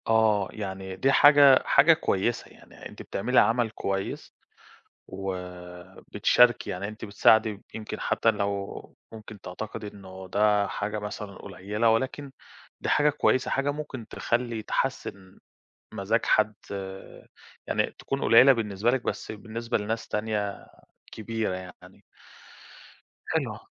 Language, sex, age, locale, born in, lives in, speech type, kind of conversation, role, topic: Arabic, male, 30-34, ar-EG, Egypt, Greece, conversation, podcast, host, ليه بتحب تطبخ في وقت فراغك؟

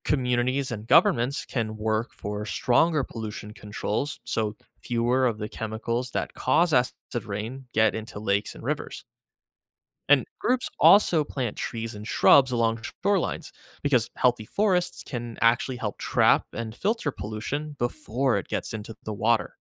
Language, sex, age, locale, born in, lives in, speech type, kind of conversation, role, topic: English, male, 25-29, en-US, United States, United States, read, expert-assistant, expert, What is acid rain and how does it form?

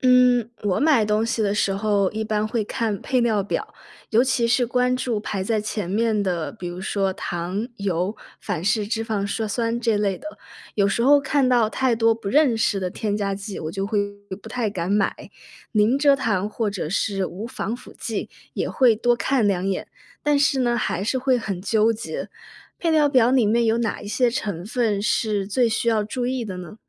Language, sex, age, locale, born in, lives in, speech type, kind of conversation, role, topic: Chinese, female, 25-29, zh-CN, China, United States, read, expert-assistant, asker, 小时候和现在相比，你对食物安全的看法有变化吗？为什么会有这样的变化？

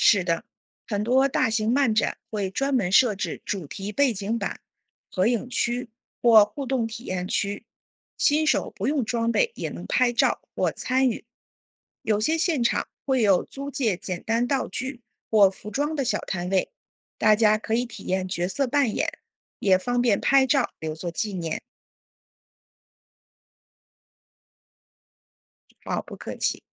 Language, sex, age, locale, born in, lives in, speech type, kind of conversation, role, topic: Chinese, female, 40-44, zh-CN, China, United States, read, expert-assistant, expert, 为什么有些人喜欢看漫画书？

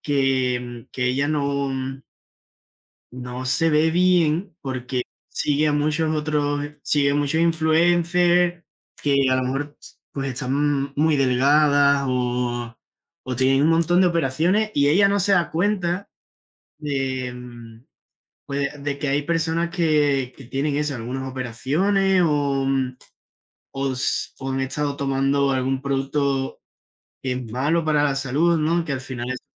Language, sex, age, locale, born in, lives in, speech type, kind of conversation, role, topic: Spanish, male, 20-24, es-ES, Spain, Spain, conversation, unstructured, none, ¿Crees que las redes sociales ejercen demasiada presión sobre la imagen corporal?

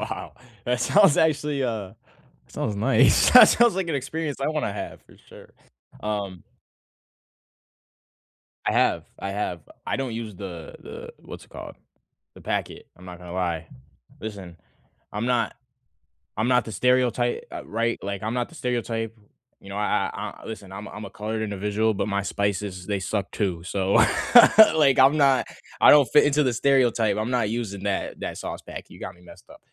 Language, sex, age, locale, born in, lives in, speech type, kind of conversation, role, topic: English, male, 18-19, en-US, United States, United States, conversation, unstructured, none, How do you decide where to order takeout from when everyone has a different comfort food favorite?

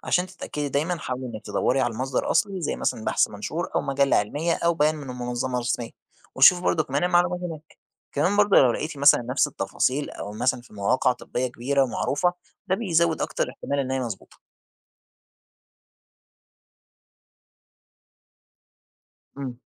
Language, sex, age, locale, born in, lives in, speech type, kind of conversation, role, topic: Arabic, male, 20-24, ar-EG, Egypt, Egypt, read, expert-assistant, expert, إزاي أقدر أفرق بين المصادر الموثوقة والمضللة لما أقرأ عن الصحة النفسية على الإنترنت؟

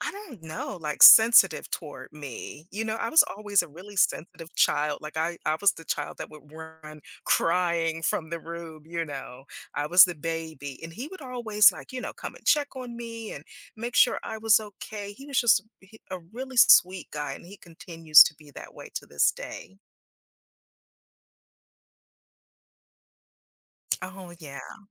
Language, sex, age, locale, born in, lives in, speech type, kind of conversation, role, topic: English, female, 50-54, en-US, United States, United States, conversation, advice, user, How can I show meaningful appreciation and deepen my relationships with supportive people?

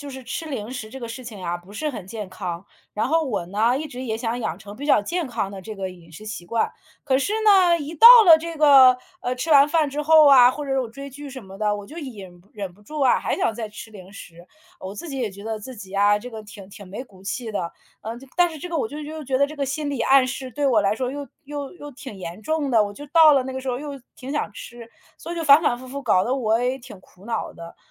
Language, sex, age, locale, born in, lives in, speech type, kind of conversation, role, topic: Chinese, female, 40-44, zh-CN, China, United States, conversation, advice, user, 我想养成健康的饮食习惯，但总是忍不住吃零食，该怎么办？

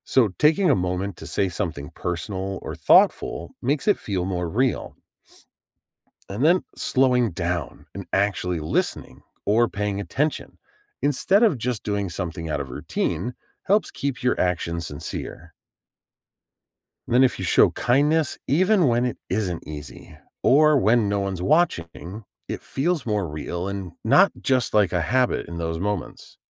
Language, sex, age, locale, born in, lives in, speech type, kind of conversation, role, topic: English, male, 40-44, en-US, United States, United States, read, expert-assistant, expert, How can you make a positive difference in someone’s day?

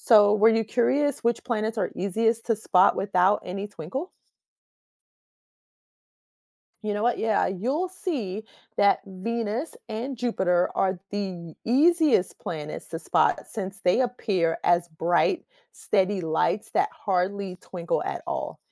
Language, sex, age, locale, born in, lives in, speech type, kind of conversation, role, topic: English, female, 45-49, en-US, United States, United States, read, expert-assistant, expert, Why do we see stars twinkle at night?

